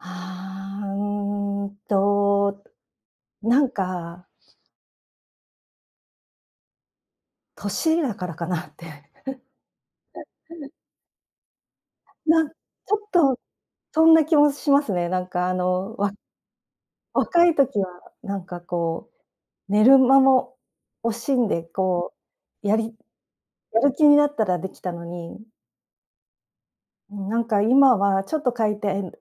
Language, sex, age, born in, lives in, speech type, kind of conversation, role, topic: Japanese, female, 55-59, Japan, Japan, conversation, advice, user, 小さな習慣を作って創作量を増やすには、どのように始めればよいですか？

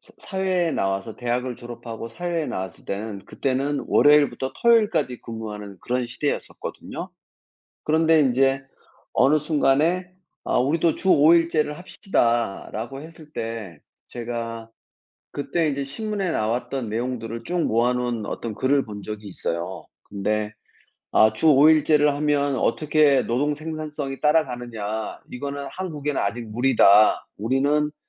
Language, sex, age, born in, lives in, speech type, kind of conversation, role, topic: Korean, male, 55-59, South Korea, France, conversation, unstructured, none, 노동자 권리가 제대로 보호받지 못하는 이유는 무엇일까요?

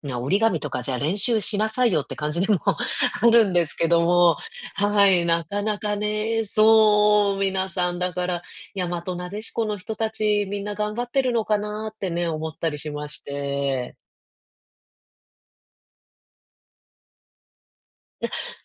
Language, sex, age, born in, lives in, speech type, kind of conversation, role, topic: Japanese, female, 35-39, Japan, United States, conversation, advice, user, 家族の文化的な期待と自分の気持ちは、どうすれば両立できますか？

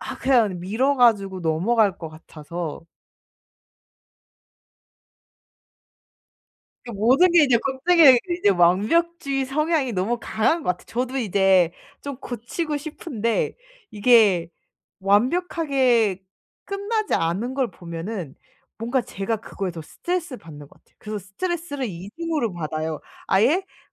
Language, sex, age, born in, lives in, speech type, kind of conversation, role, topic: Korean, female, 25-29, South Korea, Germany, conversation, advice, user, 일이나 학교에서 스스로 자격이 없다고 느끼며 계속 불안하고 자신이 없을 때 어떻게 하면 좋을까요?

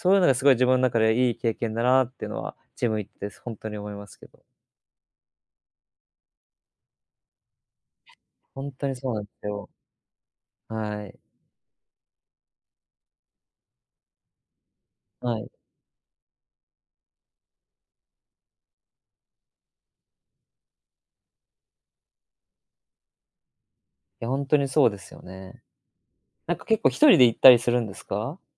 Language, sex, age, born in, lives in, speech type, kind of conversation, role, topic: Japanese, male, 20-24, Japan, Japan, conversation, unstructured, none, 好きなスポーツは何ですか？その理由も教えてください。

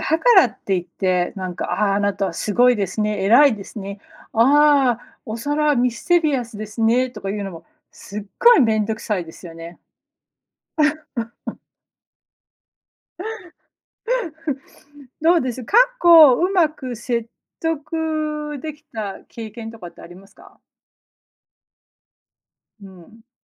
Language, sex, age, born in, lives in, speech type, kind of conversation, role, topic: Japanese, female, 40-44, United States, United States, conversation, unstructured, none, 誰かを説得するときに大切にしていることは何ですか？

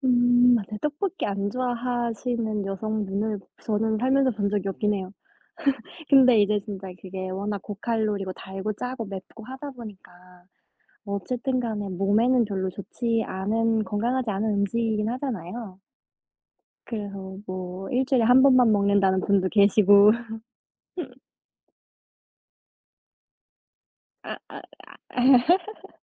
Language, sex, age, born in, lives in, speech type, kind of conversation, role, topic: Korean, female, 25-29, South Korea, United States, conversation, unstructured, none, 단 음식과 짠 음식 중 어느 쪽을 더 좋아하시나요?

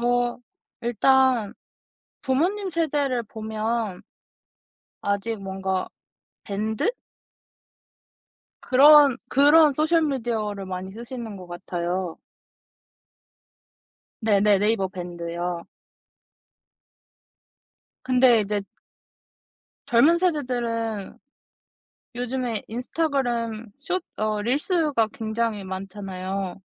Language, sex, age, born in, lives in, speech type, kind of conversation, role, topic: Korean, female, 20-24, South Korea, South Korea, conversation, podcast, guest, 소셜 미디어가 인간관계에 어떤 영향을 미쳤다고 생각하시나요?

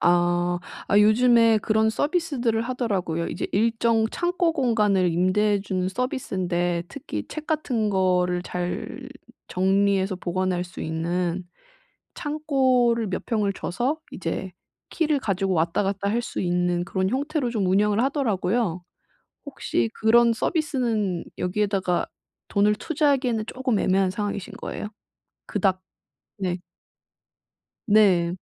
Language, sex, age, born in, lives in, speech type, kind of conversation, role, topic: Korean, female, 30-34, South Korea, Japan, conversation, advice, advisor, 소유를 줄이고 삶을 단순화하는 방법은 무엇인가요?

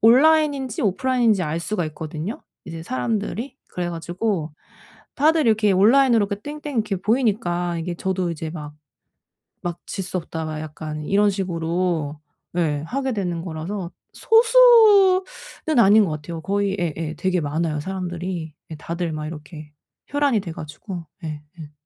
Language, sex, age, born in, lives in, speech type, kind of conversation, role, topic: Korean, female, 35-39, South Korea, Germany, conversation, advice, user, 업무와 회복 시간의 균형을 어떻게 다시 잘 맞출 수 있을까요?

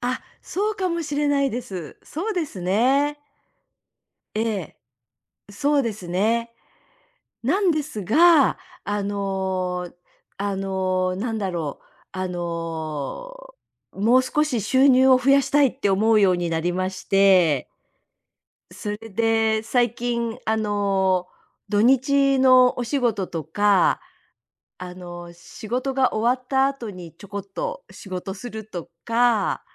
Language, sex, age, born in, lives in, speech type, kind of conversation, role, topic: Japanese, female, 60-64, Japan, United States, conversation, advice, user, 家庭と仕事の両立で追われていると感じるのはなぜですか？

